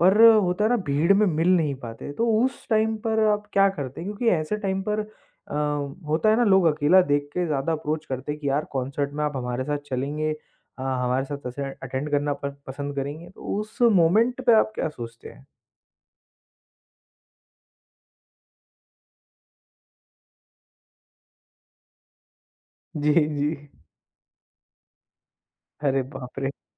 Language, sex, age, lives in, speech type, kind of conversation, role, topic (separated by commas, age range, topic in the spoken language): Hindi, male, 25-29, India, conversation, podcast, host, Tum concerts mein doston ke saath jaate ho ya akele, aur kyun?